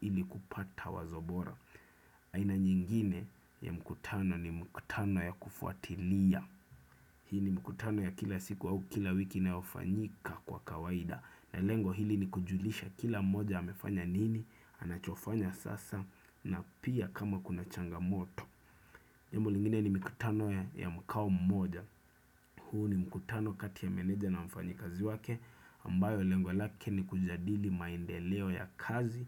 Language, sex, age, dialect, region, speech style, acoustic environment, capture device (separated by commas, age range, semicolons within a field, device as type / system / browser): Swahili, male, 25-34, Kenya - Nairobi Sheng-influenced, Kenya, focused, silence, desktop / Windows / Chrome